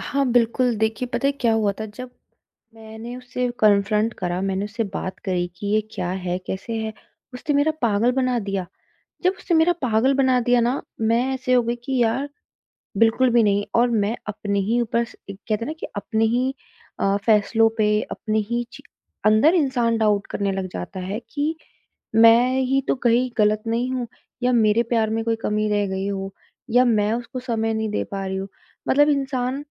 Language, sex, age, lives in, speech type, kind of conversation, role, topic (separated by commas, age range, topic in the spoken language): Hindi, female, 25-29, India, conversation, advice, user, नया रिश्ता शुरू करने का डर और फिर से भरोसा करना मुश्किल लगना